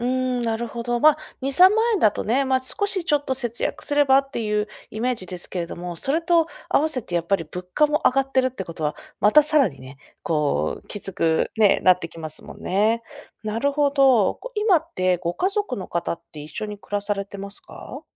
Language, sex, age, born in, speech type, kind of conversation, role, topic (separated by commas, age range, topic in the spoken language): Japanese, female, 30-34, Japan, conversation, advice, advisor, 収入が減って将来の生活が見通せず、不安を感じていますが、どうすればよいですか？